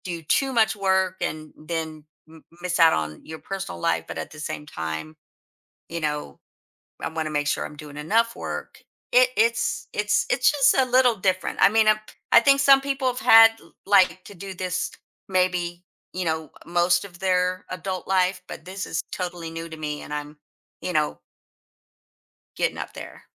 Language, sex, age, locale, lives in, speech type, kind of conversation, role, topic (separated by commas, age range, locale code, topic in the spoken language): English, female, 55-59, en-US, United States, conversation, advice, user, How can I balance work and personal life more effectively?